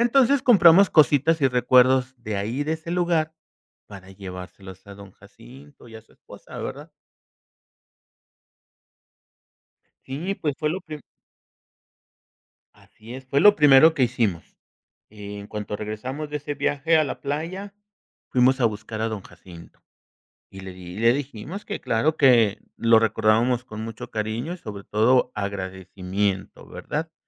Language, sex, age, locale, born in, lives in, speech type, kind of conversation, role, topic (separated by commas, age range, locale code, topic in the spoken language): Spanish, male, 50-54, es-MX, Mexico, Mexico, conversation, podcast, guest, ¿Has tenido algún encuentro sorprendente con gente local?